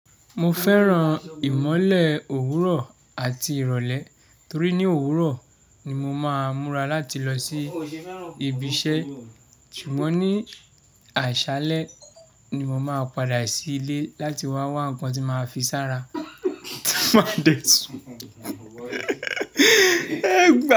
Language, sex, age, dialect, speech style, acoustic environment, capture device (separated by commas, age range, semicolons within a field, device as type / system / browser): Yoruba, male, 25-34, Nigeria - Lagos Yoruba, happy, people talking, mobile / Linux / Chrome